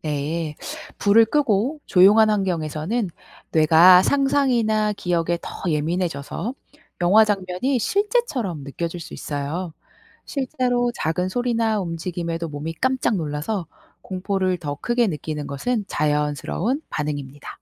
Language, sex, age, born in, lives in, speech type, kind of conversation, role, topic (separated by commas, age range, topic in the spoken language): Korean, female, 35-39, South Korea, South Korea, read, expert-assistant, expert, 왜 무서운 영화를 보면 심장이 두근거릴까요?